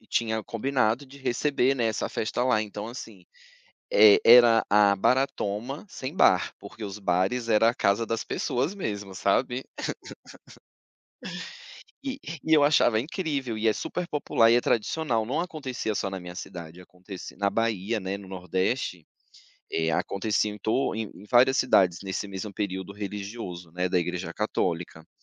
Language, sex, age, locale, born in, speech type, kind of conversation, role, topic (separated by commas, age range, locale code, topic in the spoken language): Portuguese, male, 35-39, pt-BR, Brazil, conversation, podcast, guest, Você já participou de uma festa tradicional? Como foi essa experiência?